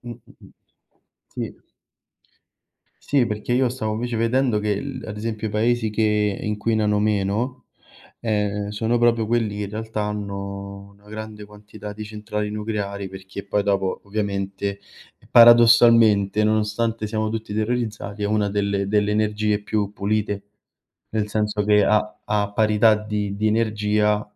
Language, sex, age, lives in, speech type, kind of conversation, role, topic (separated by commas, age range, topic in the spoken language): Italian, male, 25-29, Italy, conversation, unstructured, none, Qual è la tua opinione sul cambiamento climatico e sulle azioni che si stanno facendo oggi?